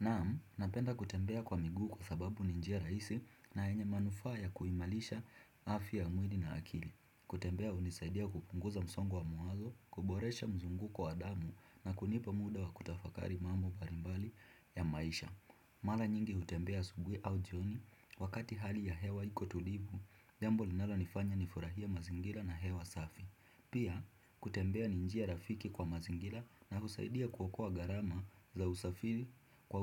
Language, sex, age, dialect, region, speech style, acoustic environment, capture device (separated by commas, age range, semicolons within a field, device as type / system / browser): Swahili, male, 18-24, Kenya - Mombasa, Kenya, happy, silence, desktop / Windows / Chrome